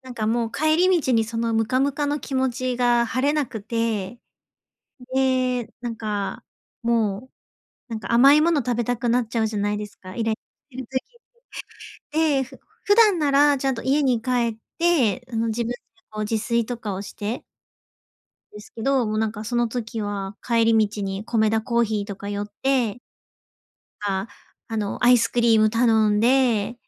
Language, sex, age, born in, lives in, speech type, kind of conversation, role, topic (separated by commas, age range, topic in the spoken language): Japanese, female, 30-34, Japan, Japan, conversation, advice, user, ストレスは買い物の仕方にどのように影響しますか？